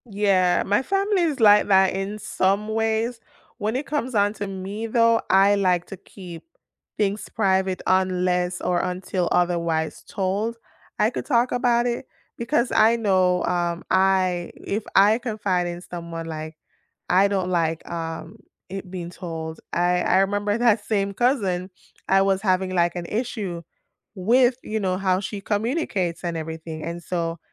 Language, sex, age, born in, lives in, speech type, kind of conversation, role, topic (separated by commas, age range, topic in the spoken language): English, female, 30-34, Jamaica, United States, conversation, unstructured, none, How can you build trust in a relationship through everyday honesty, reliability, and small, consistent actions?